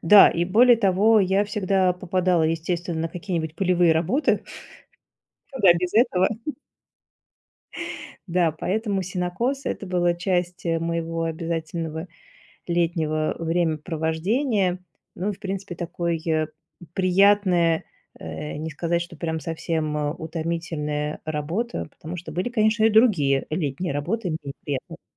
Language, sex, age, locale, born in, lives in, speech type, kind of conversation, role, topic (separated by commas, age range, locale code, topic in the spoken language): Russian, female, 45-49, ru-RU, Russia, Germany, conversation, podcast, guest, Есть ли запах природы, который возвращает тебя в детство?